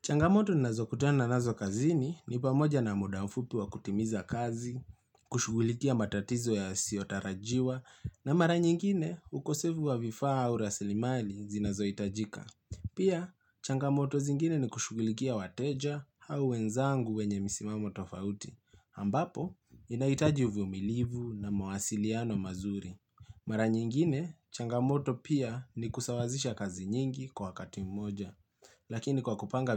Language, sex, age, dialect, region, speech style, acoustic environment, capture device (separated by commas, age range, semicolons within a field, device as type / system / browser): Swahili, male, 25-34, Kenya - Nairobi Sheng-influenced, Kenya, relaxed; focused, appliances; nature, mobile / Linux / Chrome